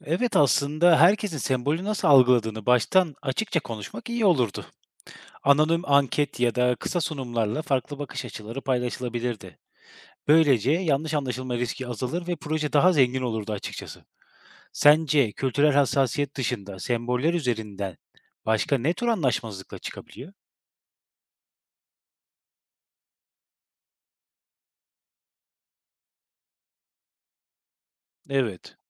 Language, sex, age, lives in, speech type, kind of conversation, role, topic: Turkish, male, 30-34, Germany, read, expert-assistant, asker, Bazı dini sembollerin tarih boyunca toplumlarda farklı anlamlar kazanmasının sebepleri sizce neler olabilir?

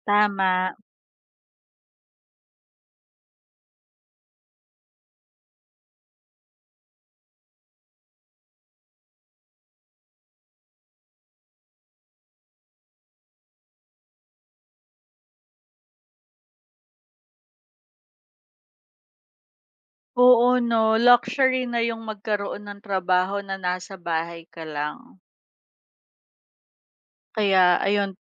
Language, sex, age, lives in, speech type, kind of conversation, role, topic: Filipino, female, 30-34, Philippines, conversation, unstructured, none, Paano mo pinapahalagahan ang mga simpleng bagay sa buhay?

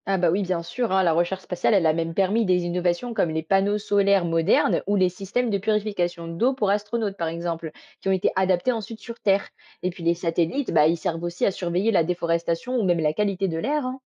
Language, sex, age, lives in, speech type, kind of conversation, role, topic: French, female, 20-24, France, read, expert-assistant, expert, Comment savoir si une découverte sur la vie dans l’espace est fiable ou exagérée ?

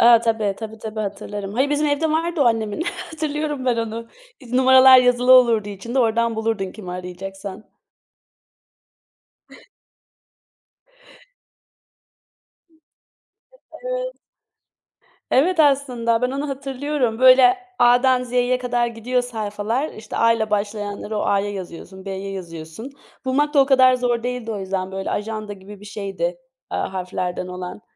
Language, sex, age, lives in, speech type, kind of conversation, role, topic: Turkish, female, 25-29, Germany, conversation, unstructured, none, Teknoloji olmadan bir gününü nasıl geçirirdin?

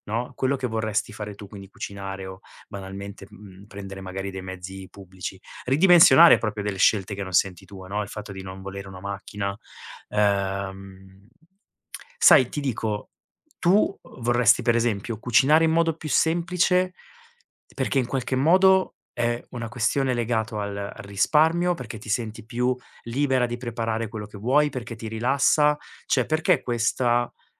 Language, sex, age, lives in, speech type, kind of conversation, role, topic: Italian, male, 40-44, Italy, conversation, advice, advisor, Come posso semplificare la mia vita se non so da dove iniziare?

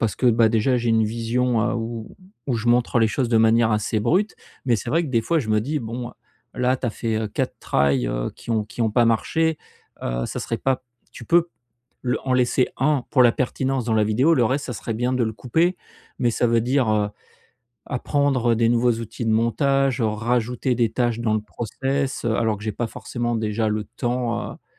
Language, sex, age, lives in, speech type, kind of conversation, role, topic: French, male, 45-49, France, conversation, advice, user, Comment puis-je sortir de la routine pour retrouver ma créativité et avoir de nouvelles idées ?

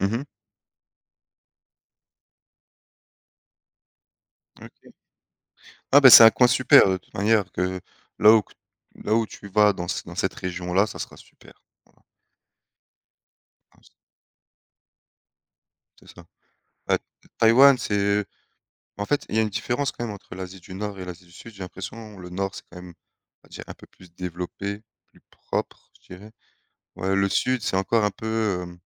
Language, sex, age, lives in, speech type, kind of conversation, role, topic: French, male, 30-34, France, conversation, unstructured, none, As-tu des projets surprenants pour ton avenir ?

